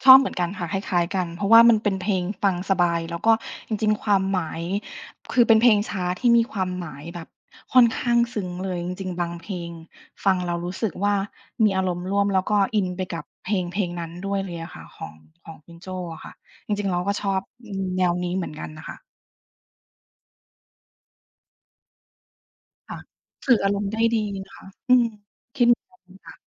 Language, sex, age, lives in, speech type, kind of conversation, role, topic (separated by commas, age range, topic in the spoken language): Thai, female, 40-44, United States, conversation, unstructured, none, เพลงที่คุณชอบและฟังบ่อยที่สุดในตอนนี้คือเพลงอะไร?